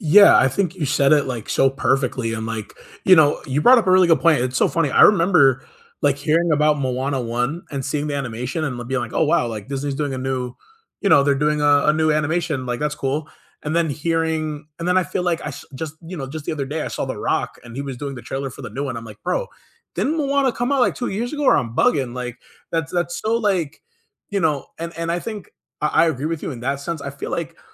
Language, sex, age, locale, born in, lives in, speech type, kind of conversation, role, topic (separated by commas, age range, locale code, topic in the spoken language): English, male, 25-29, en-US, United States, United States, conversation, unstructured, none, Can movie remakes honor the original's spirit and artistry, or are they just cash-grabs that harm creativity?